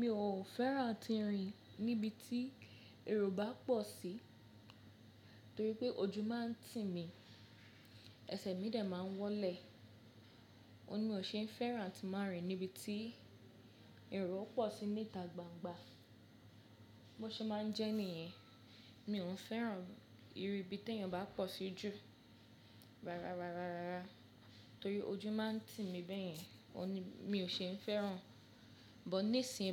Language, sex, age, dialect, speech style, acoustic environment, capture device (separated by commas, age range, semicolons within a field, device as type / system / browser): Yoruba, female, 25-34, Nigeria - Lagos Yoruba, happy; focused, construction, mobile / Linux / Chrome